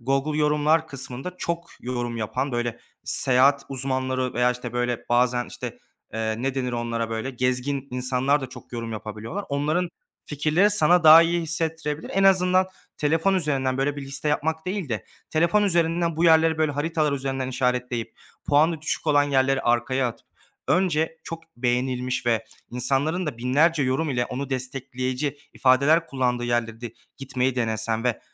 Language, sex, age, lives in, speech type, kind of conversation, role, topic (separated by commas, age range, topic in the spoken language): Turkish, male, 25-29, Germany, conversation, advice, advisor, Seyahat planlarken yaşadığım stresi nasıl daha iyi yönetebilirim?